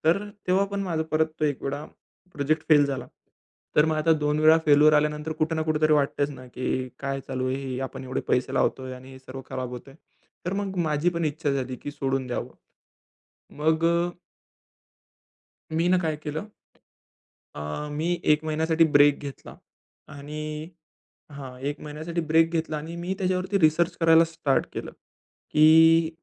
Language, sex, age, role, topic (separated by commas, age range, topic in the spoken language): Marathi, male, 20-24, guest, लहान प्रयोग करून शिकण्याची पद्धत तुम्ही कशी वापरता?